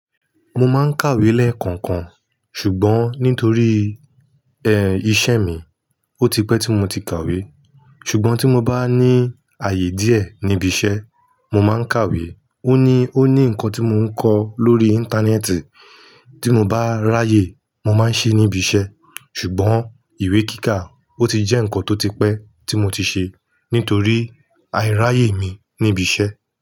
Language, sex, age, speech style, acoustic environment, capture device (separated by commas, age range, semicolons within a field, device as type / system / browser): Yoruba, male, 25-34, happy, silence, mobile / Linux / Chrome